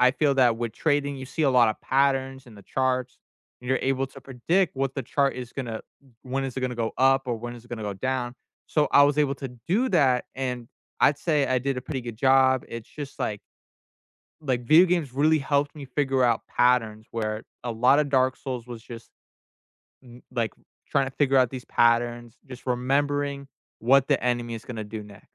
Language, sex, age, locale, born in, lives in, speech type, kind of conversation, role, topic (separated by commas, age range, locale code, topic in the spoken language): English, male, 20-24, en-US, United States, United States, conversation, unstructured, none, What hobby surprised you by how much it taught you?